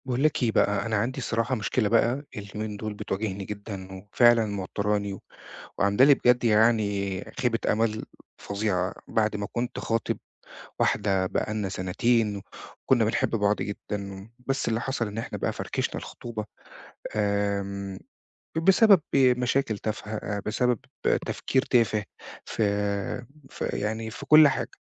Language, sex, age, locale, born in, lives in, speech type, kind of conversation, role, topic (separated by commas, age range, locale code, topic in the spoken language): Arabic, male, 40-44, ar-EG, Egypt, Portugal, conversation, advice, user, إزاي أتعامل مع خيبة أمل عاطفية بعد نهاية علاقة وتوقعات راحت؟